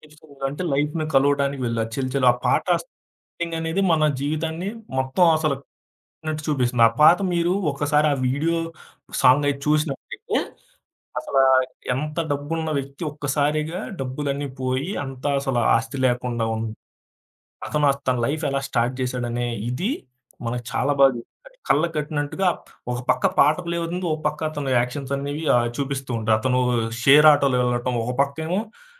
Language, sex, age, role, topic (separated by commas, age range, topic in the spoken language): Telugu, male, 25-29, guest, ఒక హాబీ ద్వారా మీరు ఏమి నేర్చుకున్నారు?